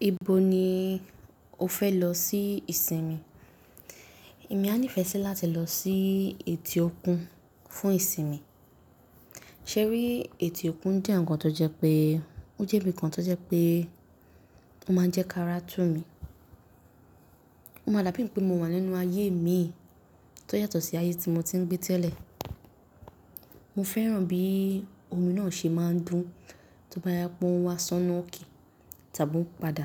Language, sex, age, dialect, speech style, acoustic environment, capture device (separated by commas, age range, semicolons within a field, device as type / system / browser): Yoruba, female, 18-24, Nigeria - Nigerian Standard, happy; nervous; excited; focused, people talking; nature, mobile / Linux / Chrome